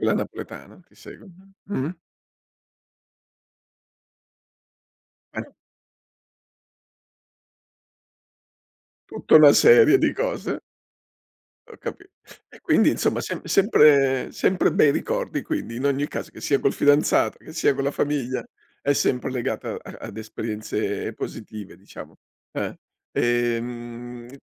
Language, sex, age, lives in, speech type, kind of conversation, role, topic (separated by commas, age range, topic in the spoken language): Italian, male, 60-64, Italy, conversation, podcast, host, Quale sapore o quale piatto semplice ti riporta alla mente bei ricordi?